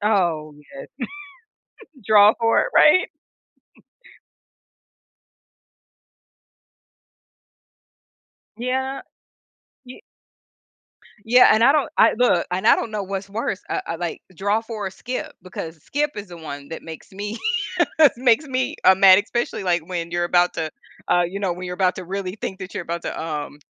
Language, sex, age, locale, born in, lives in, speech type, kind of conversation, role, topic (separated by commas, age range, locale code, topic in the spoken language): English, female, 45-49, en-US, United States, United States, conversation, podcast, host, What is it about board games that brings people together and keeps them popular?